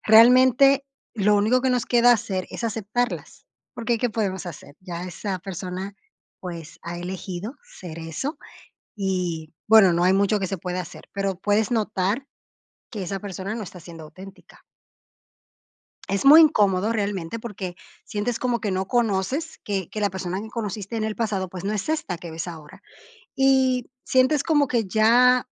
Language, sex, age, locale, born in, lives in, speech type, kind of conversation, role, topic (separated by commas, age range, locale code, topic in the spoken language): Spanish, female, 30-34, es-DO, Dominican Republic, Portugal, conversation, podcast, guest, ¿Qué significa para ti la autenticidad en redes sociales?